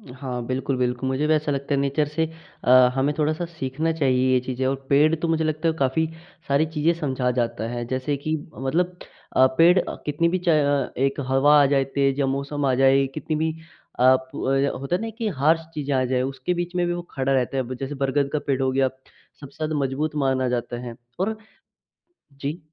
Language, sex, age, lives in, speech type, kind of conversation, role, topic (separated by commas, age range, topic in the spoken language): Hindi, male, 18-19, India, conversation, podcast, host, आपने किसी पेड़ को देखकर जीवन के बारे में क्या सीखा है?